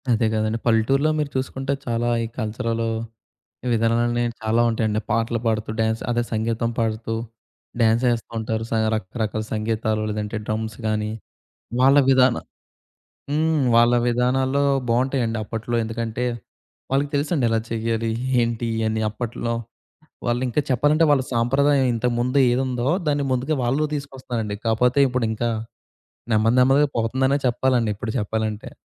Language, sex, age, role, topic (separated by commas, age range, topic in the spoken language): Telugu, male, 20-24, host, సంగీతం మీ రోజువారీ జీవితంలో ఏ పాత్ర పోషిస్తుంది?